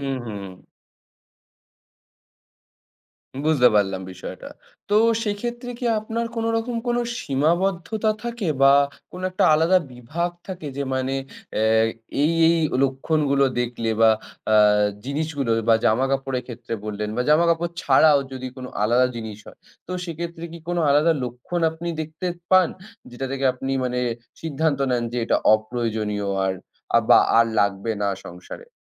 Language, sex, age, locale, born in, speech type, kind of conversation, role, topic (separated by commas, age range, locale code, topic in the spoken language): Bengali, male, 20-24, bn-IN, India, conversation, podcast, host, অপ্রয়োজনীয় জিনিসপত্র কীভাবে ছাঁটাই করবেন, আর এ নিয়ে কিছু টিপস দেবেন?